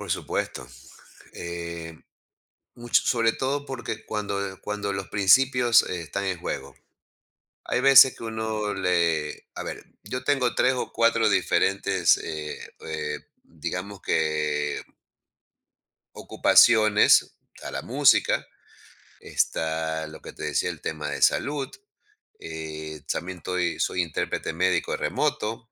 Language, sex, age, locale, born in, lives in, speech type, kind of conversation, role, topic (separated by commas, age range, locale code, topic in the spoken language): Spanish, male, 55-59, es-EC, Ecuador, United States, conversation, podcast, guest, ¿Cómo equilibras tu trabajo comercial con tus proyectos personales?